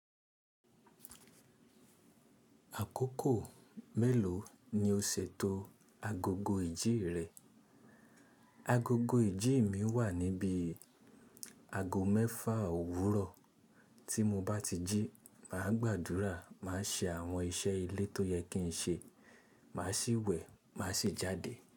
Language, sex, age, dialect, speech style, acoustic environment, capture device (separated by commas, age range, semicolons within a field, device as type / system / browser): Yoruba, male, 25-34, Nigeria - Ibadan, happy, silence, mobile / Linux / Chrome